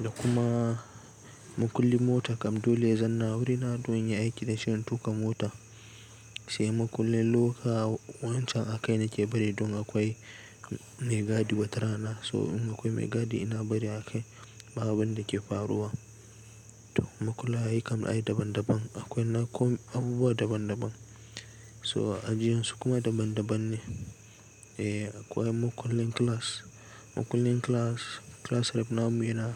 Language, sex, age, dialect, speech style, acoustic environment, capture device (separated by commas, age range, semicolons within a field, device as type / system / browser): Hausa, male, 18-24, Nigeria - Nigerian Standard, relaxed, appliances; nature, desktop / Linux / Chrome